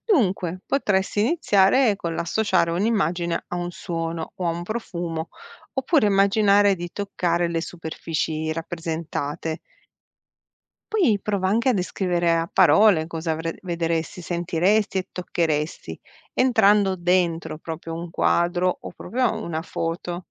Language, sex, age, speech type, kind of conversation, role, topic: Italian, female, 45-49, read, expert-assistant, expert, Perché certe immagini restano impresse nella nostra memoria più di altre?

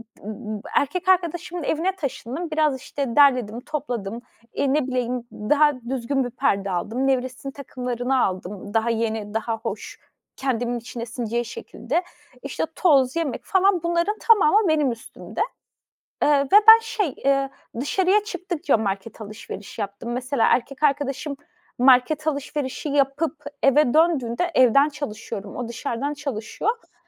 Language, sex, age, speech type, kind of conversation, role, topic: Turkish, female, 30-34, conversation, podcast, guest, Eşler arasında para konuşmaları nasıl yapılmalı?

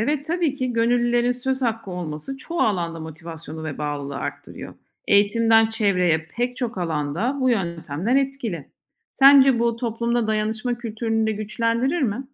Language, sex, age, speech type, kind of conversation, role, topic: Turkish, female, 40-44, read, expert-assistant, expert, Yaban hayvanlarını korumada gönüllü çalışmalar mı yoksa devlet projeleri mi daha etkili olabilir?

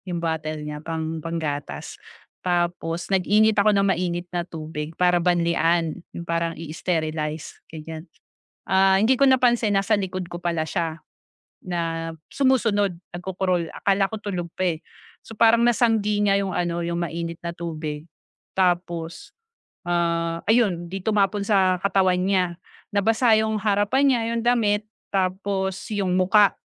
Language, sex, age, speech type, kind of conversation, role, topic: Filipino, female, 40-44, conversation, advice, user, Paano ko mapapatawad ang sarili ko matapos magkamali sa ibang tao?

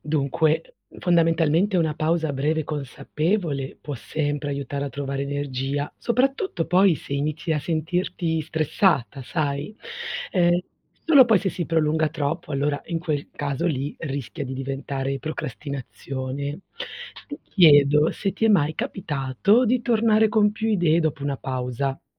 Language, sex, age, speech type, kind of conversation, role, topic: Italian, female, 40-44, read, expert-assistant, expert, Quando vuoi raggiungere un obiettivo importante, è meglio fare piccoli passi costanti o puntare subito al risultato grande?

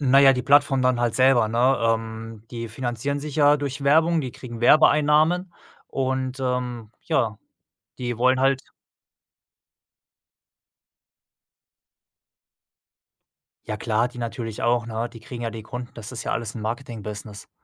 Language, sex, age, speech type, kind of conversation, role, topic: German, male, 35-39, conversation, podcast, guest, Wie verändern Algorithmen unseren Mediengeschmack?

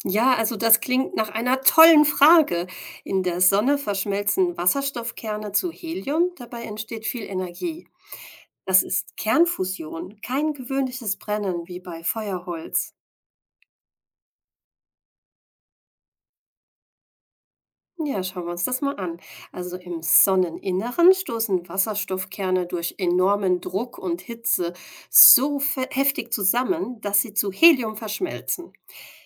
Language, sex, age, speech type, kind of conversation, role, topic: German, female, 40-44, read, expert-assistant, expert, Wie brennt die Sonne wirklich und was passiert in Sternen?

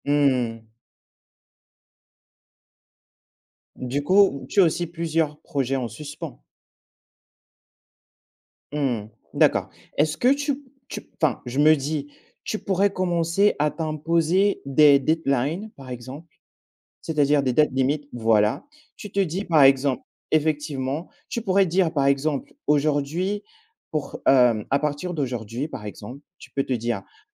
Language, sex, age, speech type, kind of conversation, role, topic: French, male, 25-29, conversation, advice, advisor, Comment vivez-vous la distraction numérique constante liée aux réseaux sociaux et aux notifications ?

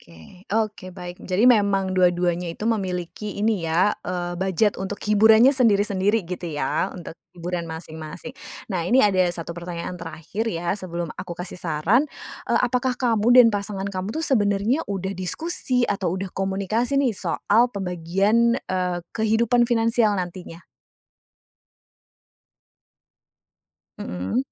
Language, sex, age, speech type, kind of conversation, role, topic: Indonesian, female, 30-34, conversation, advice, advisor, Apakah sebaiknya kami menggabungkan keuangan dengan pasangan atau tetap memisahkan uang?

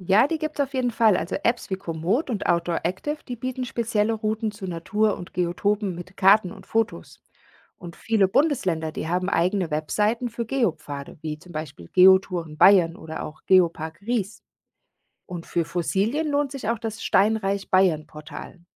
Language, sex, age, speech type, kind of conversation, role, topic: German, female, 40-44, read, expert-assistant, expert, Wie kann ich eine spannende Exkursion planen, um die wichtigsten geologischen Besonderheiten meiner Region zu entdecken?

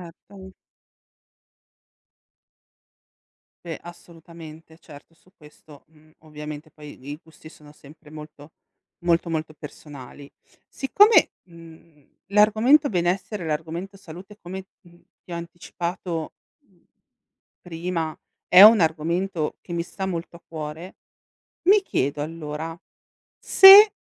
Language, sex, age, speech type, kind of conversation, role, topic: Italian, female, 35-39, read, expert-assistant, asker, Perché il miele non si rovina facilmente?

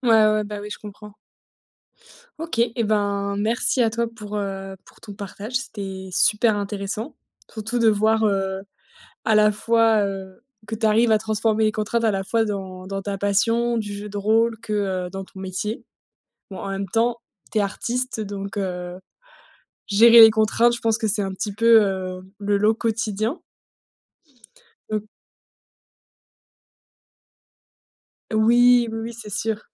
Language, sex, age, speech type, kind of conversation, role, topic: French, female, 30-34, conversation, podcast, host, Comment transformez-vous une contrainte en opportunité créative ?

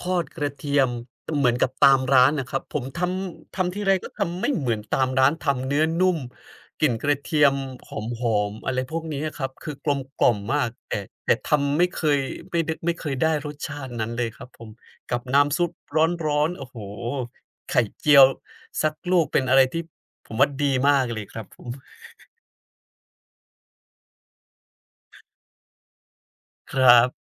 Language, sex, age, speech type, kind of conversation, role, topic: Thai, male, 30-34, conversation, unstructured, none, เมนูอาหารแบบไหนที่คุณอยากเรียนรู้วิธีทำ?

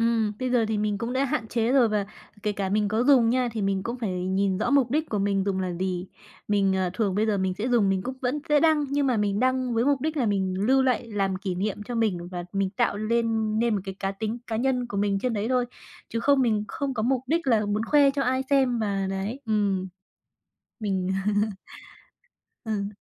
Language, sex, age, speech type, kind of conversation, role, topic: Vietnamese, female, 20-24, conversation, podcast, guest, Bạn thấy mạng xã hội giúp hay làm xa cách mọi người hơn?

